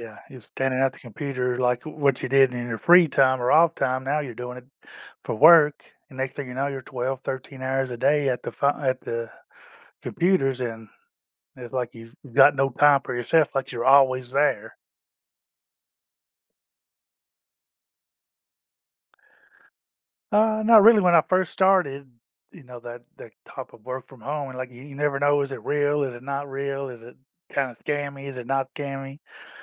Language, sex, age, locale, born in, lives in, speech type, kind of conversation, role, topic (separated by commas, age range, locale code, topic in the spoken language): English, male, 50-54, en-US, United States, United States, conversation, advice, user, How can I notice and enjoy small joyful moments daily?